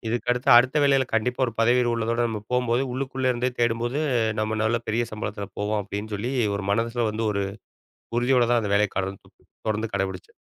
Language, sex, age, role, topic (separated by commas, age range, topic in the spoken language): Tamil, male, 40-44, guest, வேலைக்காக வெளிநாடு செல்வதா அல்லது புதிய நாட்டிற்கு குடிபெயர்வதா என்று நீங்கள் எப்படி முடிவு செய்கிறீர்கள்?